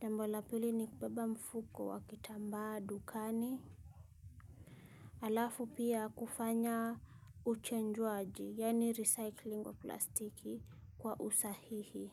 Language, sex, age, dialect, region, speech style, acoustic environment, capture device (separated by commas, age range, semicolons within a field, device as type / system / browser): Swahili, female, 25-34, Kenya - Nairobi Sheng-influenced, Kenya, happy, music; silence, mobile / Linux / Chrome